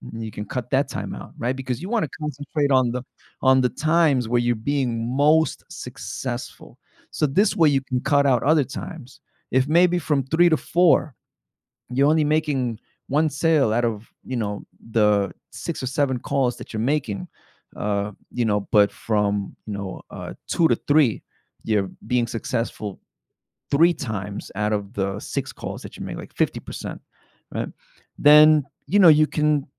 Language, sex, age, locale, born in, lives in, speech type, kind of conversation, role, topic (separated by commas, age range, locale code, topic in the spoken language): English, male, 35-39, en-US, United States, United States, conversation, advice, advisor, How can I make daily tasks feel more meaningful?